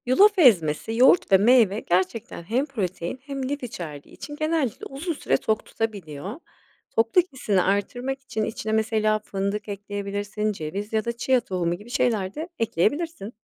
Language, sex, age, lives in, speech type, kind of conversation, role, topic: Turkish, female, 40-44, Spain, read, expert-assistant, expert, Gündüzleri neden sürekli uykulu hissediyorum?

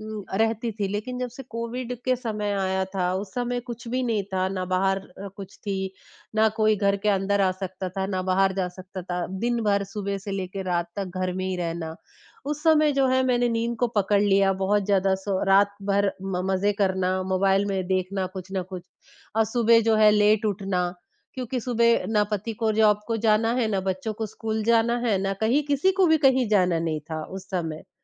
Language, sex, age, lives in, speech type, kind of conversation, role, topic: Hindi, female, 20-24, India, conversation, advice, user, मैं स्वस्थ दिनचर्या छोड़कर पुरानी बुरी आदतों पर फिर से क्यों लौट आता हूँ?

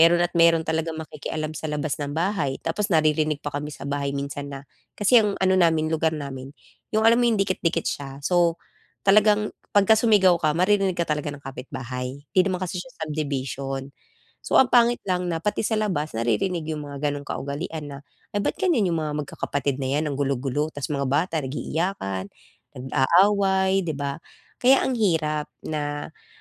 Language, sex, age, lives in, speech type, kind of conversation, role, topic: Filipino, female, 35-39, Philippines, conversation, advice, user, Paano ko haharapin ang tensyon sa kasama ko sa bahay dahil sa magkakaibang kaugalian?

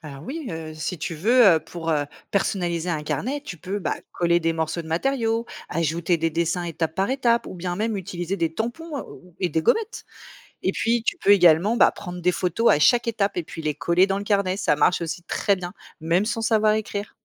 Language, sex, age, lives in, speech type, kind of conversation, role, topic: French, female, 35-39, France, read, expert-assistant, expert, Comment peut-on apprendre à fabriquer un objet traditionnel comme une marionnette ou un panier en osier ?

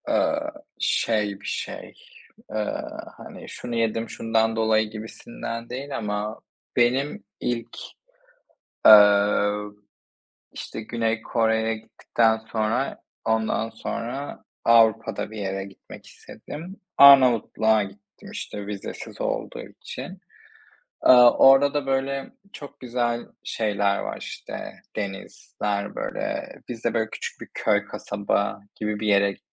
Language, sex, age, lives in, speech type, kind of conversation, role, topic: Turkish, male, 25-29, Spain, conversation, unstructured, none, Seyahat etmek sana ne hissettiriyor?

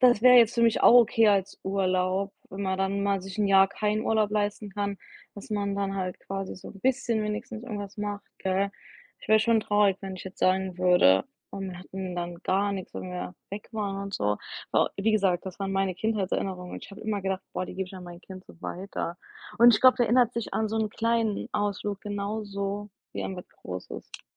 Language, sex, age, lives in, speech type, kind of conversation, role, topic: German, female, 25-29, United States, conversation, unstructured, none, Wie bereitest du dich auf einen längeren Urlaub vor?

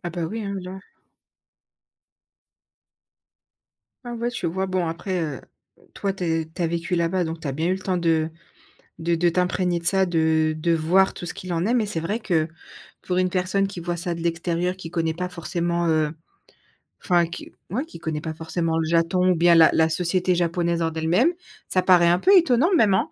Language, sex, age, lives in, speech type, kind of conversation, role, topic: French, female, 30-34, France, conversation, podcast, host, Comment gères-tu la peur du regard des autres et du jugement ?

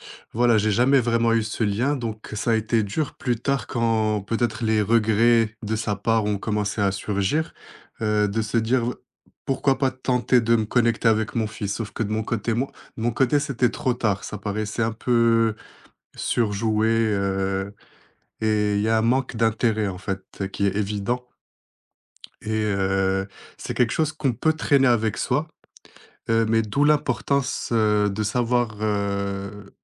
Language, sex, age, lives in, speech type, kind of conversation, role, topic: French, male, 25-29, France, conversation, podcast, guest, Comment savoir quand il est préférable de lâcher prise plutôt que de forcer la réparation ?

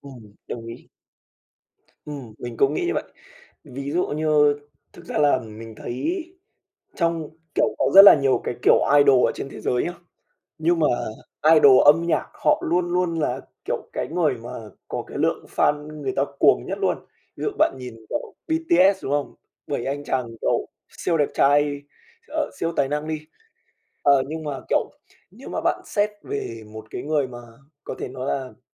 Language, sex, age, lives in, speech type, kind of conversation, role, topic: Vietnamese, male, 20-24, Vietnam, conversation, podcast, guest, Bạn nghĩ âm nhạc kết nối mọi người với nhau như thế nào?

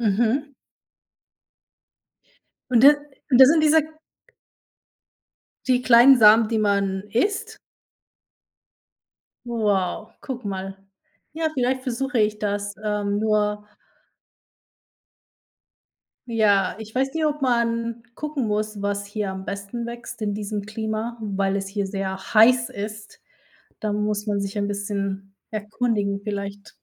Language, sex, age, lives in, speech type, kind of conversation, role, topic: German, female, 30-34, United States, conversation, unstructured, none, Gibt es ein Hobby, das dich besonders glücklich macht?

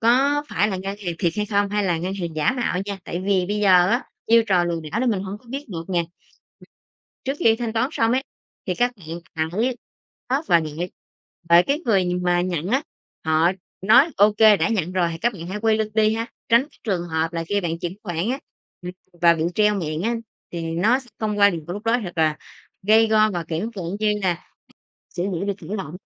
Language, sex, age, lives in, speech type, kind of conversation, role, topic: Vietnamese, female, 30-34, Vietnam, conversation, podcast, guest, Bạn nghĩ sao về xu hướng thanh toán không dùng tiền mặt hiện nay?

